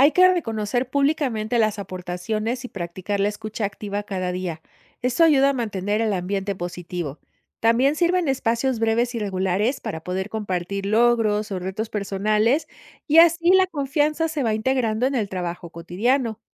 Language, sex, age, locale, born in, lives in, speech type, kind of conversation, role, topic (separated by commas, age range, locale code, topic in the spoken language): Spanish, female, 50-54, es-MX, Mexico, Mexico, read, expert-assistant, expert, ¿Es cierto que todas las religiones principales comparten la Regla de Oro, o es solo un mito popular?